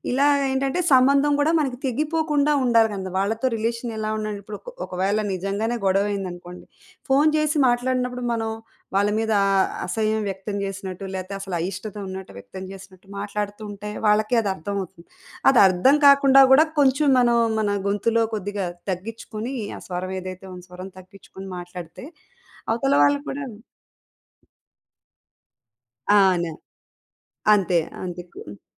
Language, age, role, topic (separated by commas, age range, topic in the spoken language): Telugu, 35-39, guest, ఫోన్‌ కాల్‌లలో మంచి స్వరంతో మాట్లాడడానికి మీరు ఏమి సూచిస్తారు?